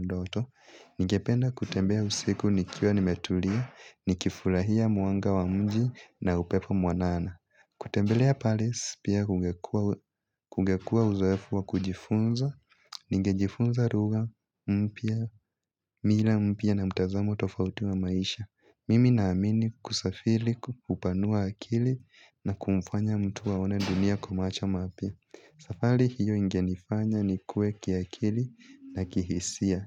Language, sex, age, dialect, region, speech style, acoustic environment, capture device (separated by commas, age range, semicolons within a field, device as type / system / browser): Swahili, male, 18-24, Kenya - Nairobi Sheng-influenced, Kenya, focused, appliances, mobile / Linux / Chrome